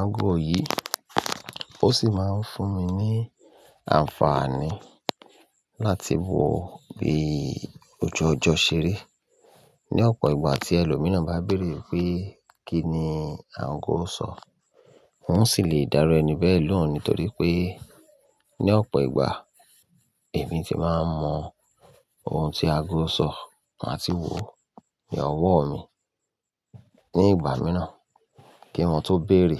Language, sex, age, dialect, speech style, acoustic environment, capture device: Yoruba, male, 35-44, Nigeria - Ibadan, tired, people talking, mobile / Linux / Chrome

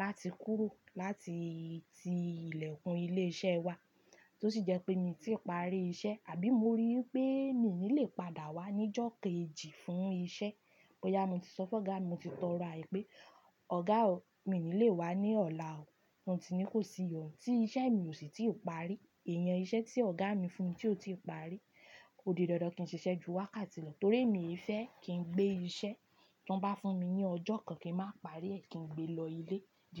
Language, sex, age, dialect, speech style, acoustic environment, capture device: Yoruba, female, 25-34, Nigeria - Ibadan, happy, music, desktop / Linux / Chrome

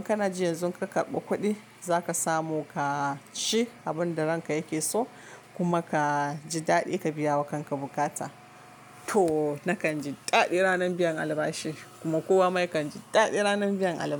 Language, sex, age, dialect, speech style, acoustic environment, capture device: Hausa, female, 25-34, Nigeria - Kano, relaxed; focused, silence, mobile / Linux / Chrome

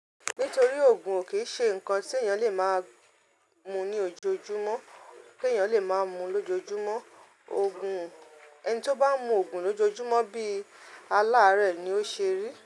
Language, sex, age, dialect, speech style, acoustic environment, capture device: Yoruba, female, 35-44, Nigeria - Ibadan, relaxed; focused, nature; silence, mobile / Linux / Chrome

